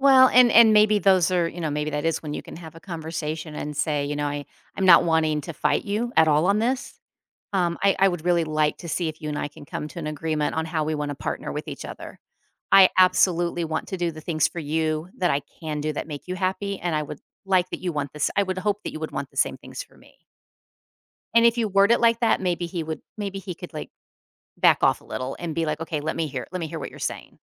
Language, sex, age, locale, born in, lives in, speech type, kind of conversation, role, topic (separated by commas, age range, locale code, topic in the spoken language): English, female, 50-54, en-US, United States, United States, conversation, advice, advisor, How can I improve communication during arguments?